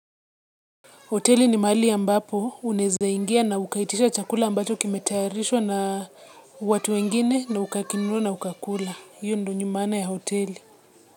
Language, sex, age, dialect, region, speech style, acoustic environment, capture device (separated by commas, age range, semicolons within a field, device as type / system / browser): Swahili, female, 25-34, Kenya - Nairobi Sheng-influenced, Kenya, relaxed; focused; excited, nature; silence; people talking, mobile / Linux / Chrome